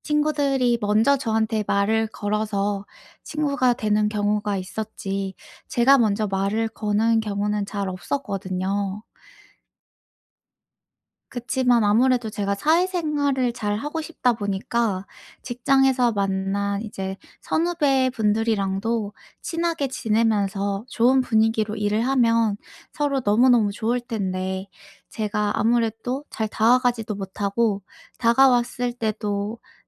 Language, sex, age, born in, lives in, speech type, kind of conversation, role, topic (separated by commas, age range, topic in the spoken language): Korean, female, 25-29, South Korea, United States, conversation, advice, user, 그룹 행사에서 소외감을 느낄 때 어떻게 하면 좋을까요?